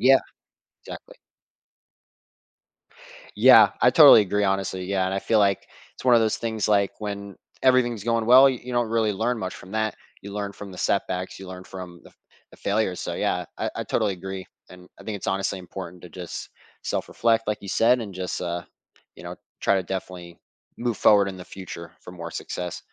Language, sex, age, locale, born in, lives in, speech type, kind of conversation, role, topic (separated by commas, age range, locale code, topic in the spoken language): English, male, 20-24, en-US, United States, United States, conversation, podcast, host, What helps you stay resilient and keep moving forward when you face obstacles in life?